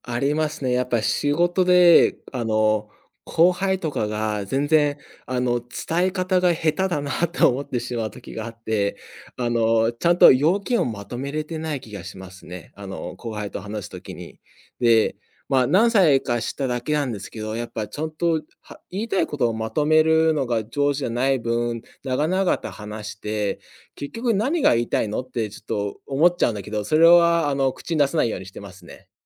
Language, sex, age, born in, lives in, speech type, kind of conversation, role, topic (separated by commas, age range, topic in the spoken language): Japanese, male, 30-34, United States, United States, conversation, podcast, guest, 行き詰まったとき、まず何をしますか？